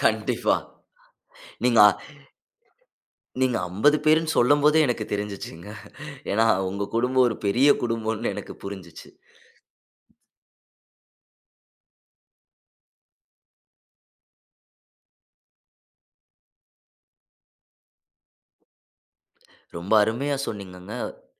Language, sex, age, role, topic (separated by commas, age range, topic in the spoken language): Tamil, male, 25-29, host, நகரப் பேருந்து அல்லது ரயிலில் பலர் கூட இருந்த போது நடந்த ஒரு சிறிய சம்பவம் உங்களுக்கு நினைவில் இருக்கிறதா?